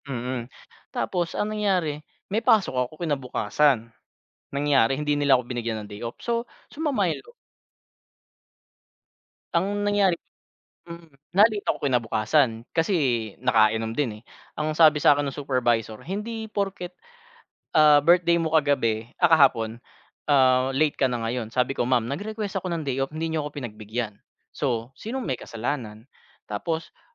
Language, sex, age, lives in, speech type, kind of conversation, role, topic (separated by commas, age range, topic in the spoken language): Filipino, male, 30-34, Philippines, conversation, advice, user, Paano ko maipapahayag ang ideya ko sa amo nang hindi natatakot na hindi ito tatanggapin?